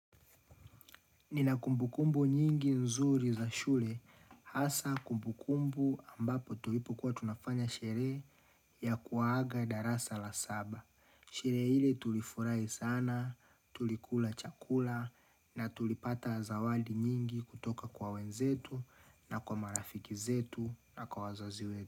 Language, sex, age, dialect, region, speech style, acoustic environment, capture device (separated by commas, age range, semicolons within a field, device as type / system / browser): Swahili, male, 25-34, Tanzania - Dar es Salaam, Tanzania, happy, nature, mobile / Linux / Chrome